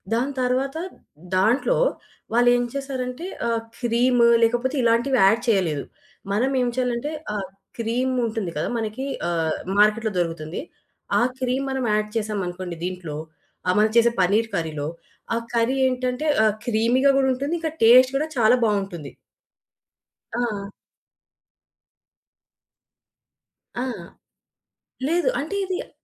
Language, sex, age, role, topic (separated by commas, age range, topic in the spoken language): Telugu, female, 25-29, guest, ఒక వంటకాన్ని మీ రుచికి అనుగుణంగా ఎలా మార్చుకుంటారు?